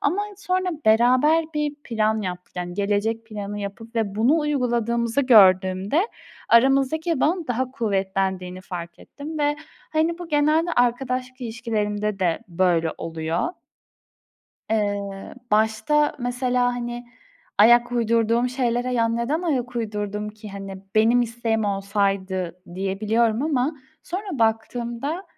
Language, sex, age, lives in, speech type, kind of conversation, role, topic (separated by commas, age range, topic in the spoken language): Turkish, female, 20-24, Poland, conversation, unstructured, none, Birlikte geleceği planlamak sana ne hissettiriyor?